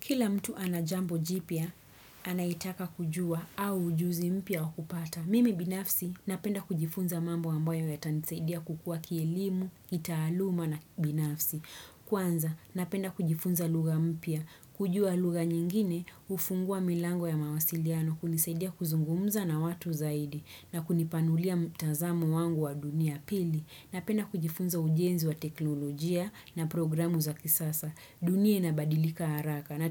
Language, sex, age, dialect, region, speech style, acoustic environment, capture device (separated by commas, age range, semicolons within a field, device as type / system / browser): Swahili, female, 25-34, Kenya - Nairobi Sheng-influenced, Kenya, happy, silence, desktop / Windows / Chrome